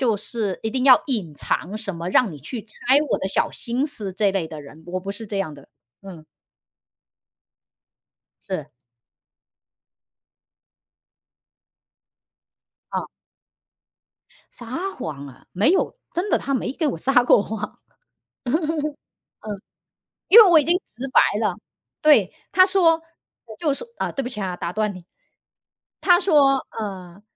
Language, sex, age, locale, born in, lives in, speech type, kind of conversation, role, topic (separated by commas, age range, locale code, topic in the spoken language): Chinese, female, 40-44, zh-CN, China, United States, conversation, unstructured, none, 恋人之间撒谎可以接受吗？